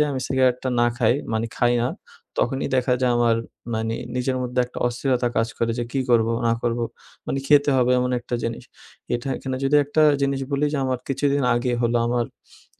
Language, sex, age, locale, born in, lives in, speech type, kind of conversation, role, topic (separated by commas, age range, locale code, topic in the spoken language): Bengali, male, 20-24, bn-BD, Bangladesh, Bangladesh, conversation, advice, user, সিগারেট বা মদ ছেড়ে আপনি কীভাবে বিকল্প স্বাস্থ্যকর অভ্যাস গড়ে তুলতে চান?